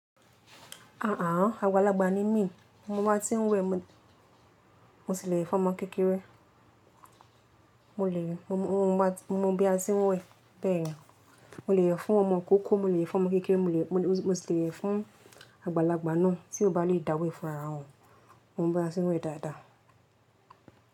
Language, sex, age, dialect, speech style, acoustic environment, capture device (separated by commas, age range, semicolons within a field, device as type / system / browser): Yoruba, female, 18-24, Nigeria - Lagos Yoruba, happy, appliances, mobile / Linux / Chrome